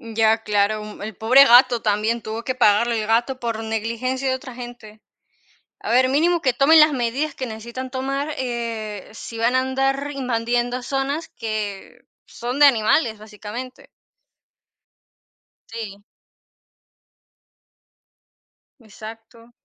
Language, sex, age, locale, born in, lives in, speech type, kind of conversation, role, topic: Spanish, female, 50-54, es-VE, Venezuela, Portugal, conversation, unstructured, none, ¿Qué harías si un animal feroz amenazara a tu familia?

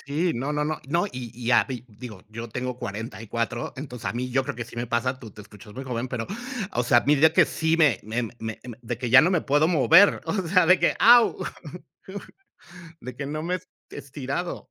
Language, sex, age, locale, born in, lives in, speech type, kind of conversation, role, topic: Spanish, male, 45-49, es-MX, Mexico, Mexico, conversation, unstructured, none, ¿Cuál es tu lugar favorito para estar en la naturaleza?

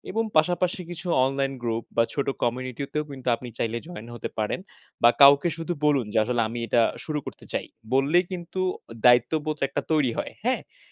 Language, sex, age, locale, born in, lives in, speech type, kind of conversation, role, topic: Bengali, male, 20-24, bn-BD, Bangladesh, Bangladesh, conversation, advice, advisor, ব্যস্ত জীবনে শখের জন্য সময় বা অনুপ্রেরণা কীভাবে খুঁজে পাব?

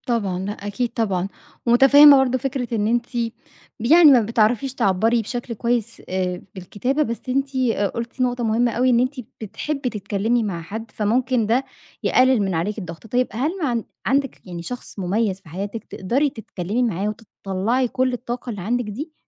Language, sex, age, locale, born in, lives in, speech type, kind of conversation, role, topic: Arabic, female, 25-29, ar-EG, Egypt, Egypt, conversation, advice, advisor, إزاي أقدر أكمل لقدّام وأتغلب على خوفي من إني أبدأ علاقة جديدة؟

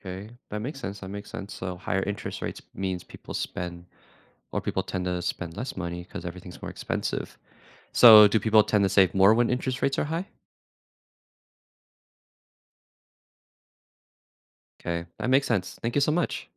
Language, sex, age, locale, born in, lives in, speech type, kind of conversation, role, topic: English, male, 20-24, en-US, United States, United States, read, expert-assistant, asker, How do economic factors influence consumer behavior?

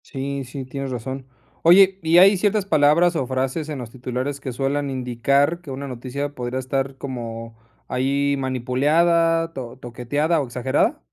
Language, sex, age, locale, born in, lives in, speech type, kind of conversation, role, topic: Spanish, male, 30-34, es-MX, Mexico, Mexico, read, expert-assistant, asker, Cómo la lectura de noticias afecta la opinión pública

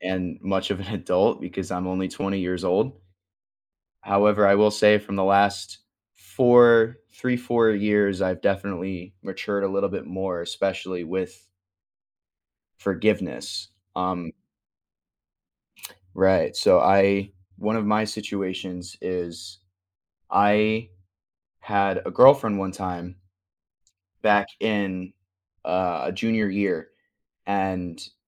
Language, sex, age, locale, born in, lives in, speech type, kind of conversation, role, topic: English, male, 20-24, en-US, United States, United States, conversation, unstructured, none, How does forgiveness affect your life?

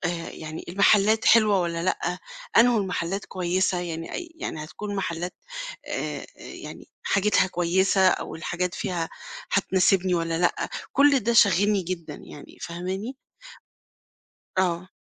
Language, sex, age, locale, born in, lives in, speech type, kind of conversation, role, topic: Arabic, female, 50-54, ar-EG, Egypt, Portugal, conversation, advice, user, إزاي أقدر أتأقلم بعد ما نقلت أعيش في مدينة جديدة، وأتعرف على الجيران وأمشي حياتي اليومية؟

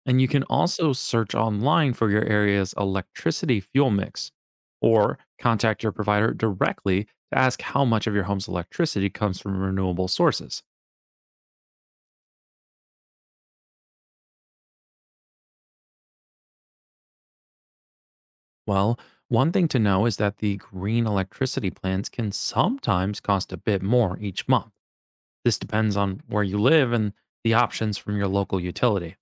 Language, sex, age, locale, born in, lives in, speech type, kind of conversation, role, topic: English, male, 25-29, en-US, United States, United States, read, expert-assistant, expert, What is the difference between renewable and nonrenewable energy?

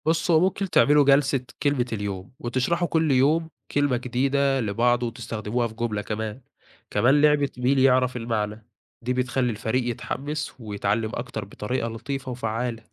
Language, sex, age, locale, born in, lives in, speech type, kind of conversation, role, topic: Arabic, male, 20-24, ar-EG, Egypt, Egypt, read, expert-assistant, expert, إزاي الواحد ممكن يطور مهاراته في فهم اللهجات المختلفة في مصر، خصوصًا لو شغله بيتطلب يتعامل مع ناس من محافظات كتير؟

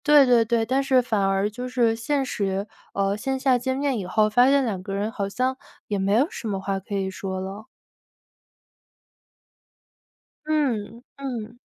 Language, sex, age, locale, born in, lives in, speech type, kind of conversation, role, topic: Chinese, female, 25-29, zh-CN, China, United States, conversation, podcast, guest, 你怎么看社交媒体带来的疏离感？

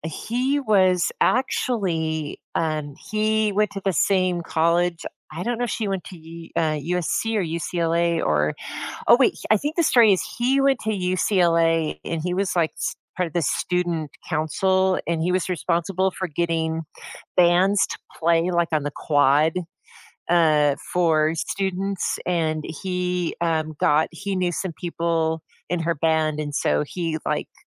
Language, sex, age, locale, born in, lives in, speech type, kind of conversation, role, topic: English, female, 55-59, en-US, United States, United States, conversation, unstructured, none, What’s a song that always reminds you of a happy time?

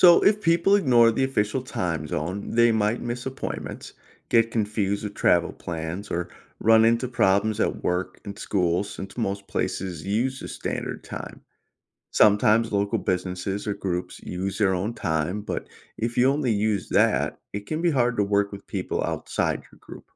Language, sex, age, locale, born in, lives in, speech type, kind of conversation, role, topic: English, male, 40-44, en-US, United States, United States, read, expert-assistant, expert, Why do we have different time zones around the world?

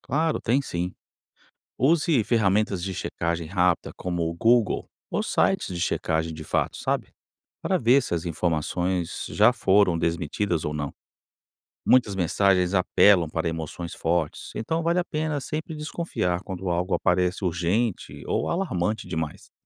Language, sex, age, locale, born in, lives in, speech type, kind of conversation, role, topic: Portuguese, male, 45-49, pt-BR, Brazil, United States, read, expert-assistant, expert, Como posso escolher em quem votar sem me deixar levar por discussões de família ou amigos?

